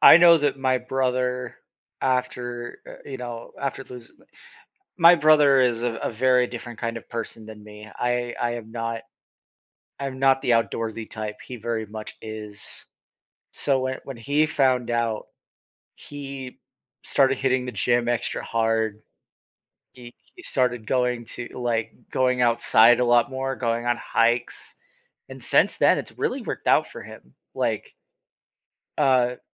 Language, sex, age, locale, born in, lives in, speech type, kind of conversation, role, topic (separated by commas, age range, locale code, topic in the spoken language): English, male, 25-29, en-US, United States, United States, conversation, podcast, guest, How can people find meaningful and healthy ways to cope with grief?